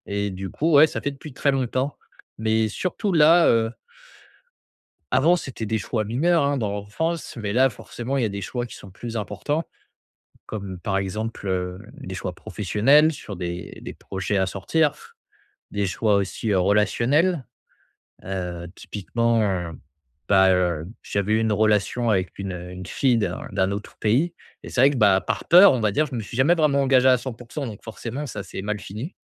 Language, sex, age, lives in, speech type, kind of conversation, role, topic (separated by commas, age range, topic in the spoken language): French, male, 25-29, France, conversation, advice, user, Comment prendre des décisions sereinement sans avoir peur de me tromper ?